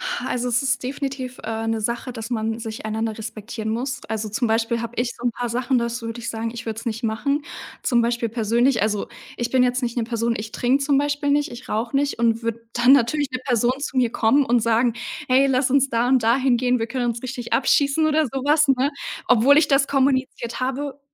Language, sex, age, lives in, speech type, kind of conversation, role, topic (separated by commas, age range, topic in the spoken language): German, female, 18-19, Germany, conversation, podcast, guest, Welche Grenzen sind in Freundschaften wichtig?